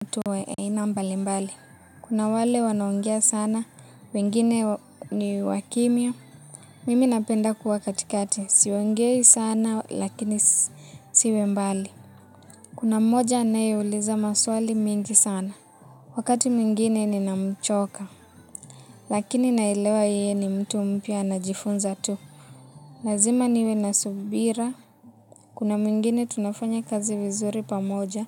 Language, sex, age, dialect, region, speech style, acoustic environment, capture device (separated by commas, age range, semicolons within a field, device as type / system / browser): Swahili, female, 18-24, Kenya - Nairobi Sheng-influenced, Kenya, relaxed; happy; excited; focused, music; nature; appliances, mobile / Linux / Chrome